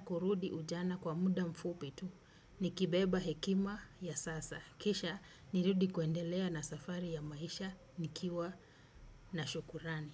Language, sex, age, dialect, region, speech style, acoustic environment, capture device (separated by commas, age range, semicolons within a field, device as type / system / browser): Swahili, female, 35-44, Kenya - Mombasa, Kenya, happy, silence, desktop / Windows / Chrome